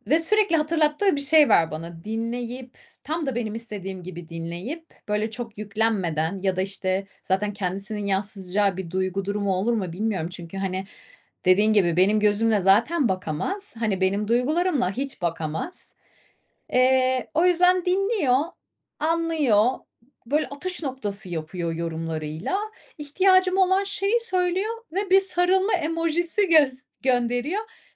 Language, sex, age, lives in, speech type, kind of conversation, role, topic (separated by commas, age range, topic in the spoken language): Turkish, female, 30-34, Spain, conversation, advice, user, Hatalarımdan utanmadan nasıl öğrenip onları kabul edebilirim ve başkalarının yargılarından nasıl daha az etkilenebilirim?